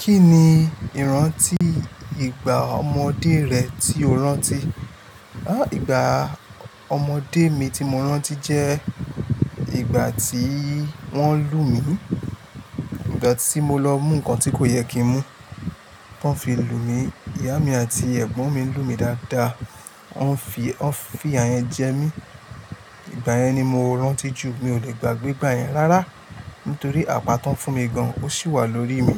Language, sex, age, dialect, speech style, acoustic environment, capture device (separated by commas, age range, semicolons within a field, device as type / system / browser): Yoruba, male, 25-34, Nigeria - Lagos Yoruba, tired, appliances, mobile / Linux / Chrome